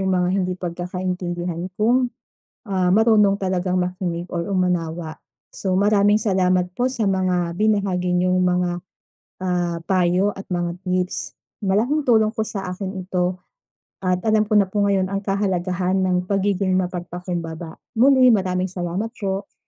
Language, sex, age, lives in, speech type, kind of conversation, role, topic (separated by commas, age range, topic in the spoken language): Filipino, female, 30-34, Philippines, read, expert-assistant, asker, Ano ang kahalagahan ng pagiging mapagpakumbaba?